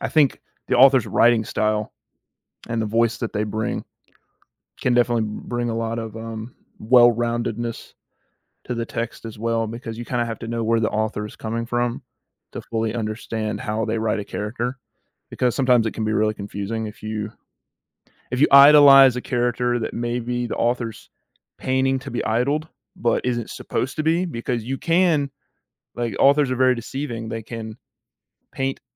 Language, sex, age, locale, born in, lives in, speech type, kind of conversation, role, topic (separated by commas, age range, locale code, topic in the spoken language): English, male, 18-19, en-US, United States, United States, conversation, podcast, host, Why do some stories stay with us long after we finish reading them?